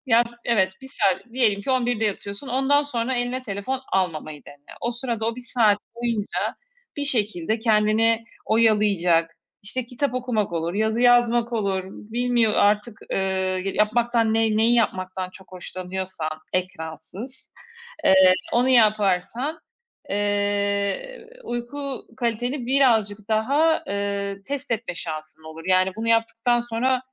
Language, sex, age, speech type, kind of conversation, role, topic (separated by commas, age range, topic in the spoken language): Turkish, female, 40-44, conversation, advice, advisor, Uyku düzeninizi sürdüremeyip sürekli geç yatmanıza neler sebep oluyor?